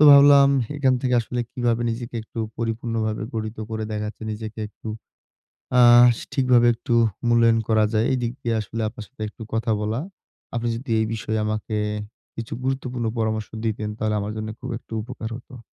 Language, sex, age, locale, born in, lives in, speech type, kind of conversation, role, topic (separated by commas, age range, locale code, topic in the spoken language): Bengali, male, 20-24, bn-BD, Bangladesh, Bangladesh, conversation, advice, user, আমি কীভাবে আমার মূল্যবোধের সঙ্গে মিলিয়ে দৈনিক রুটিন গড়ে তুলতে পারি?